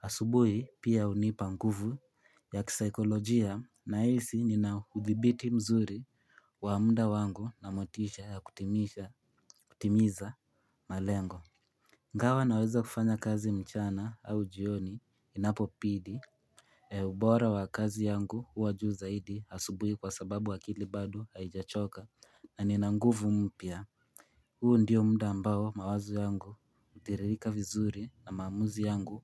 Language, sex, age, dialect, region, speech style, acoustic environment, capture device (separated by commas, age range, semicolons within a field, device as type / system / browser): Swahili, male, 18-24, Kenya - Nairobi Sheng-influenced, Kenya, relaxed, people talking, mobile / Linux / Chrome